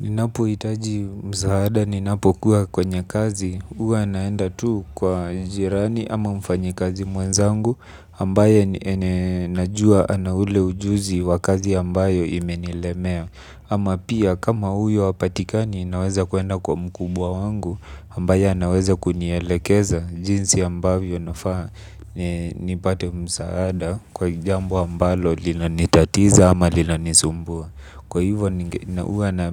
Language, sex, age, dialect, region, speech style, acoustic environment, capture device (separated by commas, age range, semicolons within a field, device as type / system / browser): Swahili, male, 25-34, Kenya - Nairobi Sheng-influenced, Kenya, relaxed, silence, mobile / Linux / Chrome